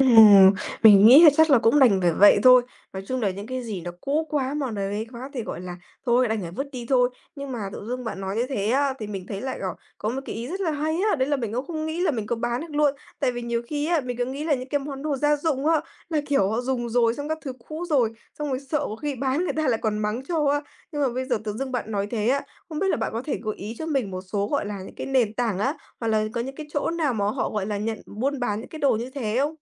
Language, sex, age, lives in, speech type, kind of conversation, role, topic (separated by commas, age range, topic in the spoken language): Vietnamese, female, 20-24, Vietnam, conversation, advice, user, Làm thế nào để bạn dọn dẹp và giải phóng không gian khi nhà quá chật vì có nhiều đồ không dùng đến?